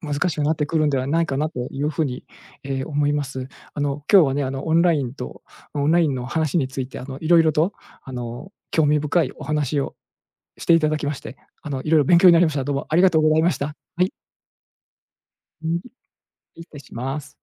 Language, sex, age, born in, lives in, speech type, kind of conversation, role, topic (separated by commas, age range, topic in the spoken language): Japanese, male, 45-49, Japan, Japan, conversation, podcast, host, オンラインと対面では、友情の距離感や深まり方はどう変わると思いますか？